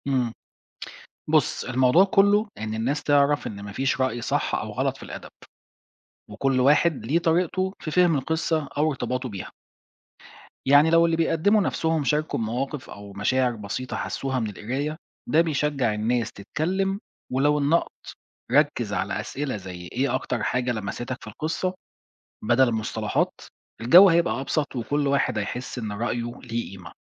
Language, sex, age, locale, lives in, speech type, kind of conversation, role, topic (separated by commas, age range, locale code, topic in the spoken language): Arabic, male, 40-44, ar-EG, Portugal, read, expert-assistant, expert, إزاي نخلي مناقشة الروايات أبسط وأريح لكل الناس؟